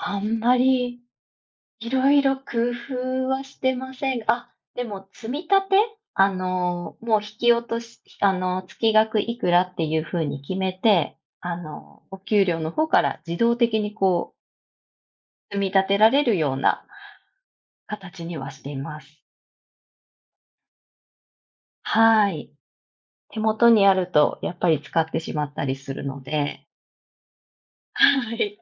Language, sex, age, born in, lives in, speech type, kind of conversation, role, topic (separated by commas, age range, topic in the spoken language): Japanese, female, 35-39, Japan, Japan, conversation, unstructured, none, 給料が上がっても手元にお金が増えないのはなぜでしょうか？